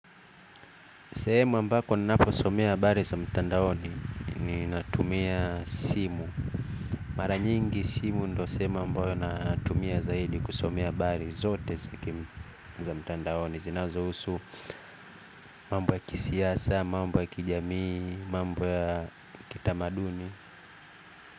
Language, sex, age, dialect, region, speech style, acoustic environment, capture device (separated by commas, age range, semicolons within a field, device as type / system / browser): Swahili, male, 25-34, Kenya - Nairobi Sheng-influenced, Kenya, anxious; happy, nature, mobile / Linux / Chrome